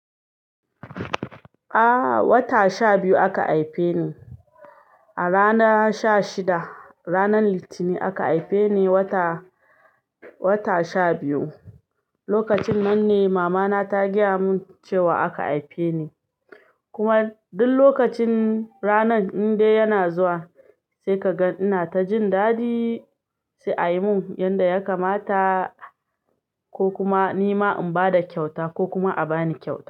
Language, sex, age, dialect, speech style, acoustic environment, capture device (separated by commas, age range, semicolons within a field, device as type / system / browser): Hausa, female, 25-34, Nigeria - Ibadan, happy; excited, silence, desktop / Linux / Chrome